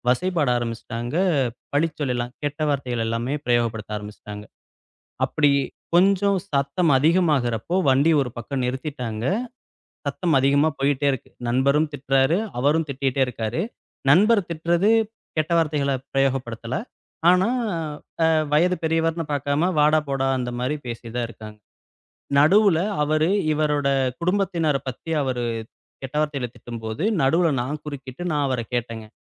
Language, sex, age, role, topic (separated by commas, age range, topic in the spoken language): Tamil, male, 35-39, guest, சொற்களால் காயப்படுத்தப்பட்டால் அதை எப்படி சமாளிப்பீர்கள்?